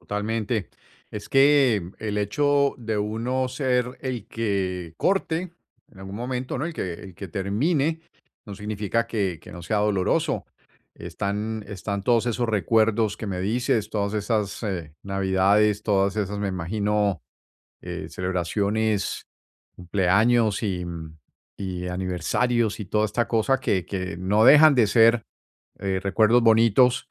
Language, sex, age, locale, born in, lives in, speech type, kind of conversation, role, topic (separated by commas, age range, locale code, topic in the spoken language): Spanish, male, 55-59, es-US, Colombia, United States, conversation, advice, advisor, ¿Cómo puedo encontrar sentido y crecer personalmente después de una ruptura?